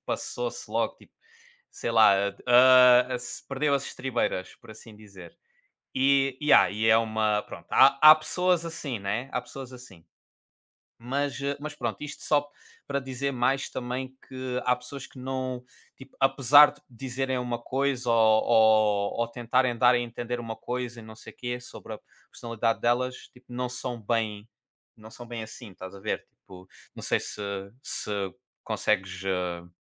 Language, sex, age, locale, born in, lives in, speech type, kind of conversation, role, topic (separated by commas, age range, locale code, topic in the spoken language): Portuguese, male, 25-29, pt-PT, Portugal, Portugal, conversation, unstructured, none, Como transformar uma conversa difícil em uma oportunidade de crescimento?